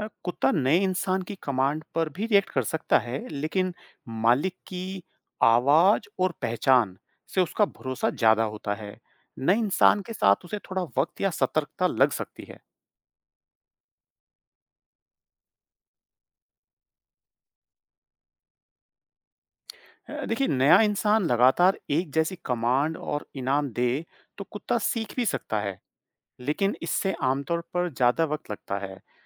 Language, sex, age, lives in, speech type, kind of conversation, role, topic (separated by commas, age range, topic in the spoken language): Hindi, male, 40-44, United States, read, expert-assistant, expert, पालतू जानवर हमारे इशारे क्यों मानते हैं? उनके सीखने की प्रक्रिया कैसी होती है?